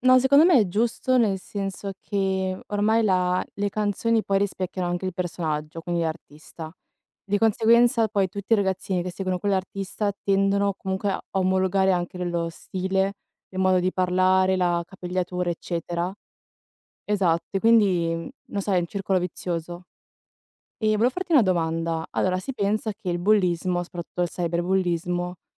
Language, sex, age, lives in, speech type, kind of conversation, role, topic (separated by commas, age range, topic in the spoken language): Italian, female, 20-24, Italy, conversation, unstructured, none, Come si può combattere il bullismo a scuola o nel quartiere?